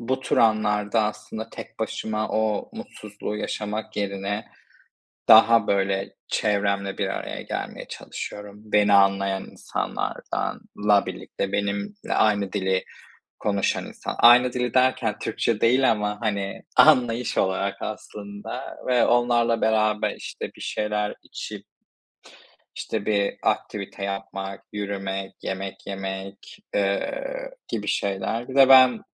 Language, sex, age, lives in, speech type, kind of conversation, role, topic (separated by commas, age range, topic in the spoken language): Turkish, male, 25-29, Spain, conversation, unstructured, none, Hangi anlarda kendini en mutlu hissedersin?